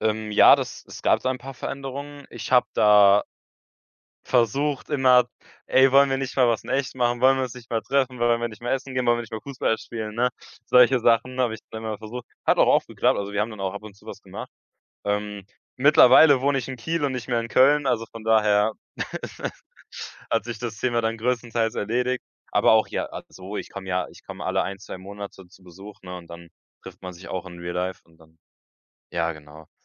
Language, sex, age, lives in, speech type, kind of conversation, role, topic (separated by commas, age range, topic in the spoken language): German, male, 18-19, Germany, conversation, podcast, guest, Was bedeutet für dich eine echte Verbindung trotz sozialer Medien?